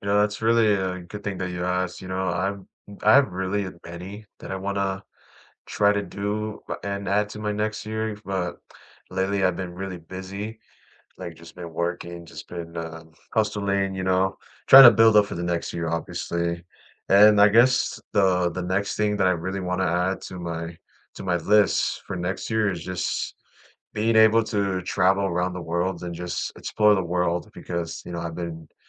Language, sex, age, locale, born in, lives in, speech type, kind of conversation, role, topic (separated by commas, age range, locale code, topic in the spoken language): English, male, 20-24, en-US, United States, United States, conversation, unstructured, none, What new experiences, habits, or relationships do you hope to add in the next year?